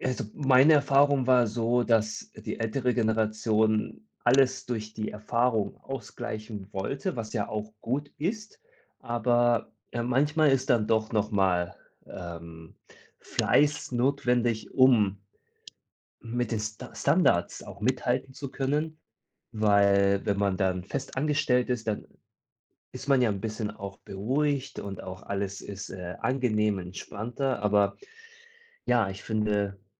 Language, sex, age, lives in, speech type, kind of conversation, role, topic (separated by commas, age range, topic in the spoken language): German, male, 30-34, Germany, conversation, podcast, guest, Wie findest du die richtige Balance zwischen Alleinsein und Zusammenarbeit?